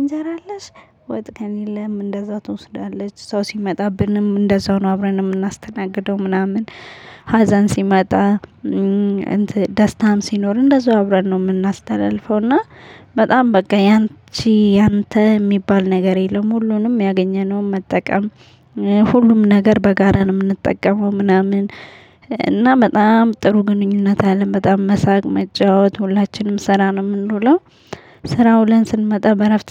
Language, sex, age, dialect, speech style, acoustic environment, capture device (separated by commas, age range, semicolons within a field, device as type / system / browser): Amharic, male, 35-44, Ethiopia - Addis Ababa, happy, silence, mobile / Linux / Chrome